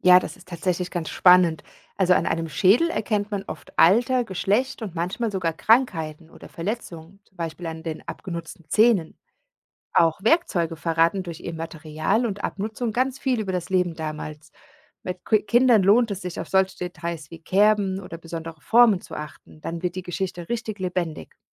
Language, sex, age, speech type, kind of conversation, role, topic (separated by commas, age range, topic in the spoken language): German, female, 40-44, read, expert-assistant, expert, Wie kann ich lernen, aus alten Knochen und Fundstücken spannende Geschichten über Menschen aus der Vergangenheit zu entdecken?